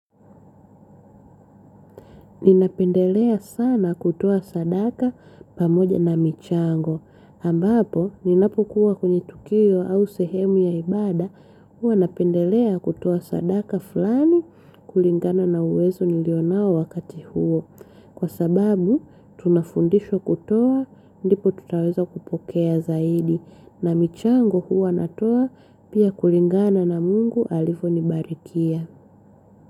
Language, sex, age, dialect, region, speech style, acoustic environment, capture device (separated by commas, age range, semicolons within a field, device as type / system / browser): Swahili, female, 25-34, Tanzania - Dar es Salaam, Tanzania, happy, silence, mobile / Linux / Chrome